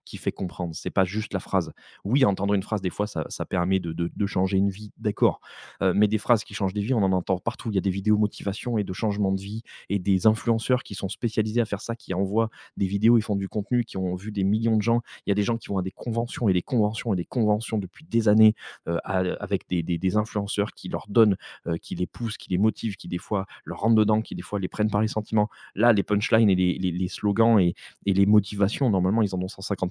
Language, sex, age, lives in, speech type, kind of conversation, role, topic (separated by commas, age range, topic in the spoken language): French, male, 35-39, France, conversation, podcast, guest, Peux-tu décrire un conseil qui a changé ta trajectoire professionnelle ?